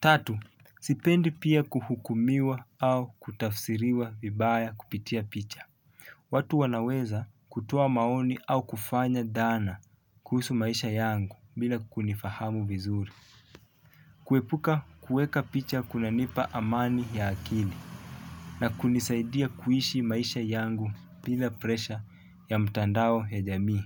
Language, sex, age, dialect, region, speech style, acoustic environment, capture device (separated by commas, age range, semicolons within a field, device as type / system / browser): Swahili, male, 25-34, Kenya - Mombasa, Kenya, happy; focused; relaxed, nature; traffic, mobile / Linux / Chrome